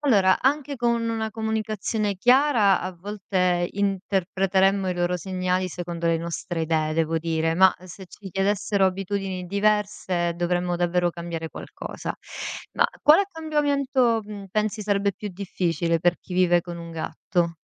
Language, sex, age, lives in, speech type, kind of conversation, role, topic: Italian, female, 35-39, Italy, read, expert-assistant, expert, Come cambierebbe il nostro rapporto con i gatti se potessero parlare?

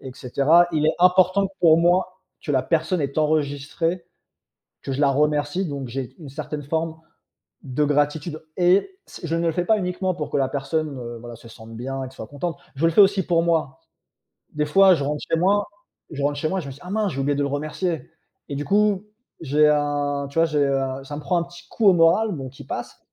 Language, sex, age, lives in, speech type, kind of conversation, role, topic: French, male, 35-39, France, conversation, unstructured, none, Quelle place la gratitude occupe-t-elle dans ta vie ?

